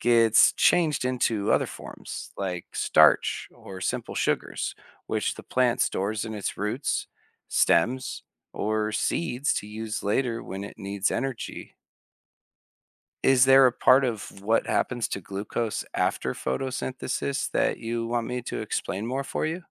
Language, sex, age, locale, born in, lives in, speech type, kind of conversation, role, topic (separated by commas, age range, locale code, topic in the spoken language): English, male, 30-34, en-US, United States, United States, read, expert-assistant, expert, How do plants make their own food through photosynthesis?